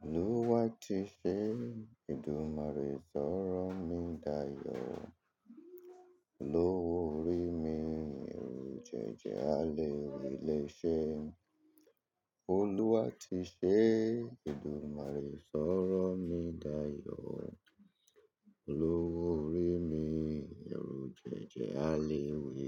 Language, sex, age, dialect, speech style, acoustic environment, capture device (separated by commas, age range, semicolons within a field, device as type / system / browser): Yoruba, male, 35-44, Nigeria - Lagos Yoruba, relaxed, people talking, mobile / Linux / Chrome